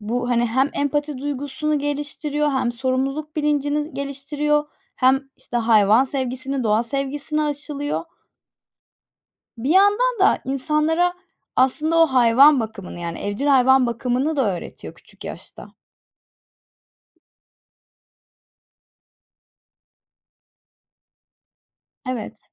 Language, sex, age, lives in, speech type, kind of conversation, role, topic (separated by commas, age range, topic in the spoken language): Turkish, female, 25-29, Germany, conversation, unstructured, none, Hayvan sahiplenmek çocuklara ne öğretir?